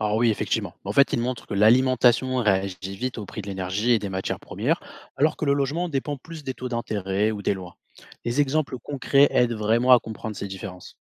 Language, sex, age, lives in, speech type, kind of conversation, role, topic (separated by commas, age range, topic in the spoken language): French, male, 25-29, France, read, expert-assistant, expert, Est-ce que tu connais des podcasts ou des émissions qui parlent des grandes tendances de l’économie mondiale ?